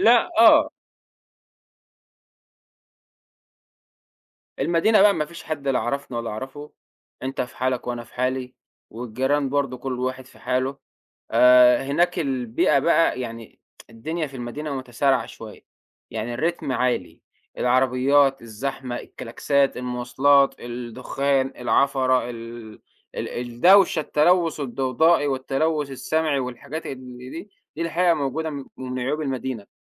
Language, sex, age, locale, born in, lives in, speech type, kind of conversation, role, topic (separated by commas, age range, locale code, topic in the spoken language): Arabic, male, 25-29, ar-EG, Egypt, Egypt, conversation, podcast, guest, إمتى قررت تنقل لمدينة أو بلد جديد؟